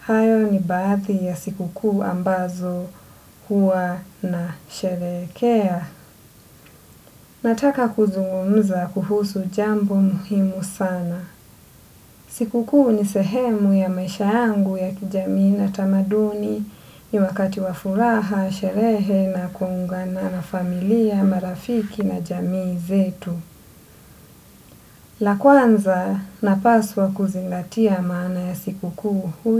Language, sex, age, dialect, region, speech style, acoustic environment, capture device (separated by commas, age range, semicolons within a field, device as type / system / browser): Swahili, female, 25-34, Kenya - Mombasa, Kenya, relaxed, silence; nature, desktop / Windows / Edge